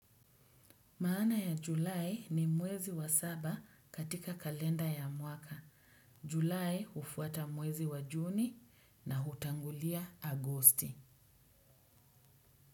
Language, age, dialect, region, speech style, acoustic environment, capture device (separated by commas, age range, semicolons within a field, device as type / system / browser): Swahili, 35-44, Kenya - Mombasa, Kenya, happy, silence, desktop / Windows / Edge